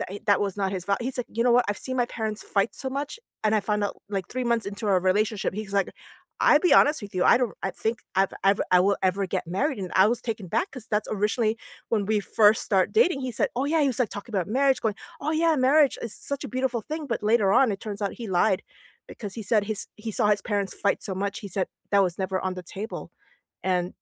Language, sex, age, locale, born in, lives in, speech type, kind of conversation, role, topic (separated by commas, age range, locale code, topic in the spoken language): English, female, 45-49, en-US, South Korea, United States, conversation, unstructured, none, How can you find common ground without losing yourself?